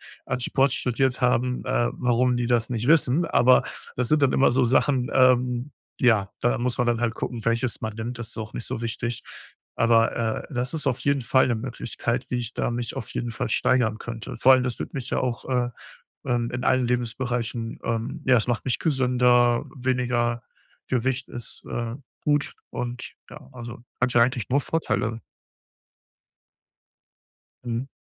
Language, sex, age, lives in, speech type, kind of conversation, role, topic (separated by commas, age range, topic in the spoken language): German, male, 35-39, Germany, conversation, advice, user, Wie finde ich passende Belohnungen, damit ich meine guten Gewohnheiten langfristig beibehalte?